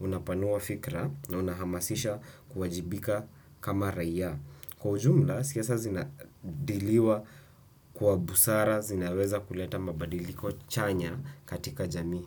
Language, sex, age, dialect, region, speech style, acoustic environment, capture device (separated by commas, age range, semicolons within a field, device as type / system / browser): Swahili, male, 18-24, Kenya - Nairobi Sheng-influenced, Kenya, anxious; tired, silence, desktop / Windows / Chrome